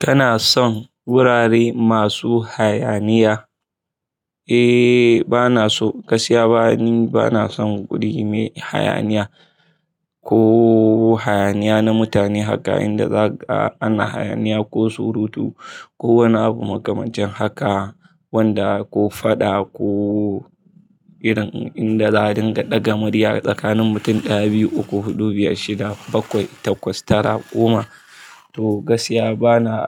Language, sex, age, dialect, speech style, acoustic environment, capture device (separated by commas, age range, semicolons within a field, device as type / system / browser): Hausa, male, 25-34, Nigeria - Kano, relaxed, people talking; traffic; appliances, mobile / Linux / Chrome